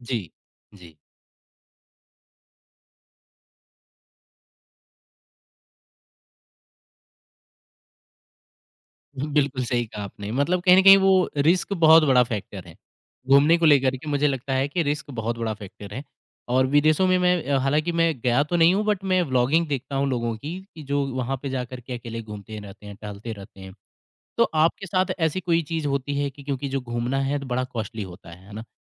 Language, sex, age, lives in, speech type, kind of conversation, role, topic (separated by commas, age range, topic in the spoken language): Hindi, male, 25-29, India, conversation, podcast, host, Solo travel shuru karna ho to sabse pehla step aap kya recommend karenge?